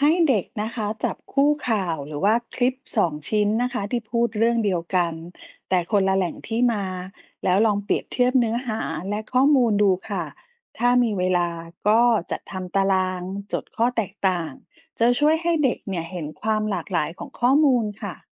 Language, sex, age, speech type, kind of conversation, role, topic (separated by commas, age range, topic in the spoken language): Thai, female, 40-44, read, expert-assistant, expert, เวลามีข้อมูลเกี่ยวกับภาวะโลกร้อน เราจะดูยังไงว่าแหล่งข้อมูลนั้นน่าเชื่อถือ?